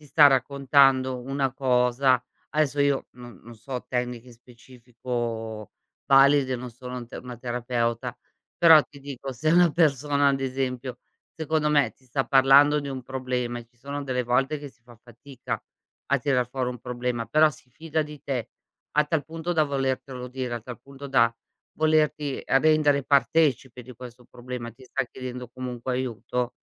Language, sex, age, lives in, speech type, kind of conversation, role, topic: Italian, female, 55-59, Italy, conversation, podcast, guest, Quando è meglio ascoltare in silenzio invece di parlare?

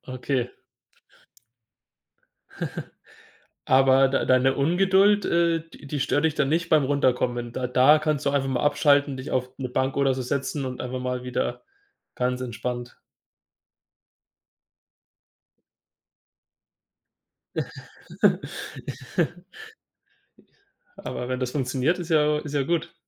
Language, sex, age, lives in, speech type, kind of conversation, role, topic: German, male, 20-24, Germany, conversation, podcast, host, Woher nimmst du Ideen, wenn du gerade blockiert bist?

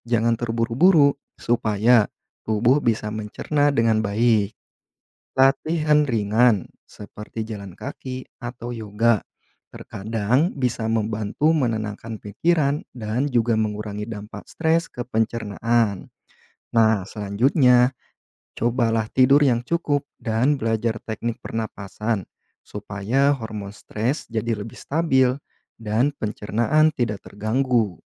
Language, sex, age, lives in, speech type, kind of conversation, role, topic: Indonesian, male, 30-34, Indonesia, read, expert-assistant, expert, Bagaimana cara kerja sistem pencernaan manusia?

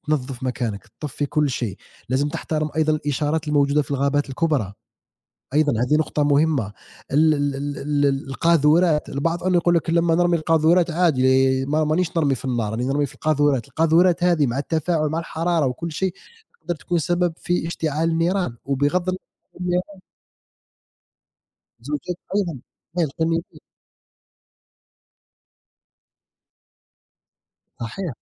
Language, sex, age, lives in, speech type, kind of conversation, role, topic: Arabic, male, 30-34, United States, conversation, unstructured, none, إيه رأيك في حرائق الغابات وتأثيرها على الكوكب؟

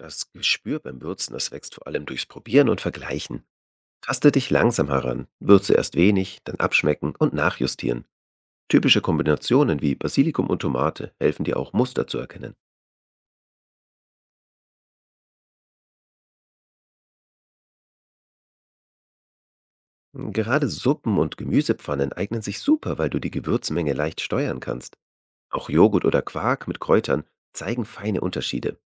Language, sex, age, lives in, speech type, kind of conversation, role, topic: German, male, 35-39, Germany, read, expert-assistant, expert, Wie entwickelt man ein gutes Gespür für Gewürze und Aromen in der eigenen Küche?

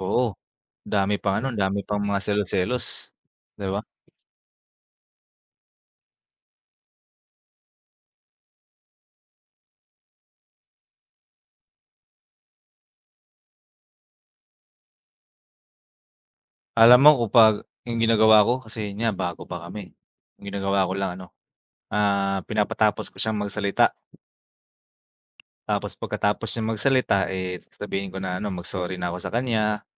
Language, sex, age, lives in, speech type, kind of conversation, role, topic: Filipino, male, 25-29, Philippines, conversation, unstructured, none, Ano ang pinakamahalagang gawin para hindi masira ang isang relasyon dahil sa mga away?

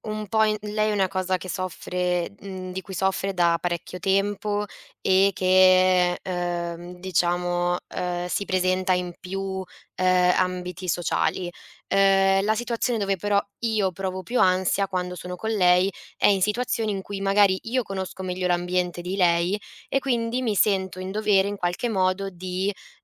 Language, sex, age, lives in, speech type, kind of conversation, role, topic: Italian, female, 20-24, Italy, conversation, advice, user, Come vivi l’ansia sociale prima di eventi o incontri?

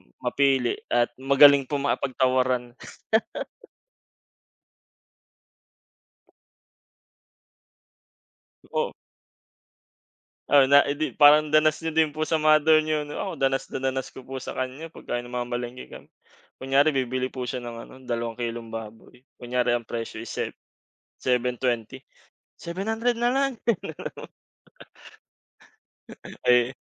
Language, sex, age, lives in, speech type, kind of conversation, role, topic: Filipino, male, 25-29, Philippines, conversation, unstructured, none, Ano ang gagawin mo kapag may duda ka sa kalidad ng karne?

